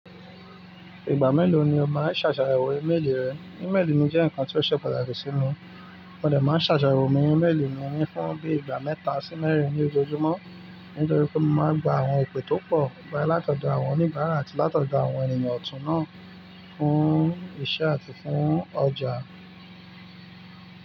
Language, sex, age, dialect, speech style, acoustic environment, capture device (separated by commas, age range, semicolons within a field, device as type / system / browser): Yoruba, male, 25-34, Nigeria - Nigerian Standard, focused, nature, mobile / Linux / Chrome